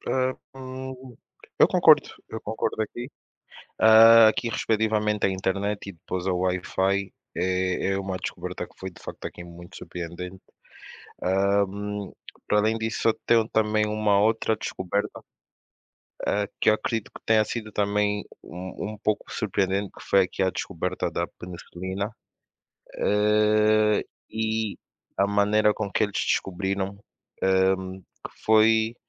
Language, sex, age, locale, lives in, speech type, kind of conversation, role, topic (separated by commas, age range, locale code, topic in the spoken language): Portuguese, male, 25-29, pt-PT, Portugal, conversation, unstructured, none, Qual descoberta científica você considera a mais surpreendente?